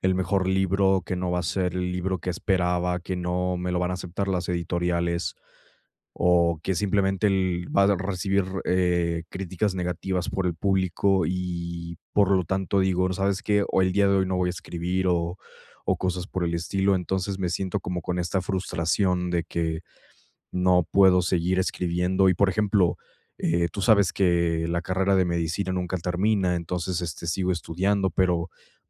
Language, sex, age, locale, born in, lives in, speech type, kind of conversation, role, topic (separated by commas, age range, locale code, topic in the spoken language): Spanish, male, 20-24, es-MX, Mexico, Mexico, conversation, advice, user, ¿Cómo puedo superar la procrastinación causada por el miedo al fracaso?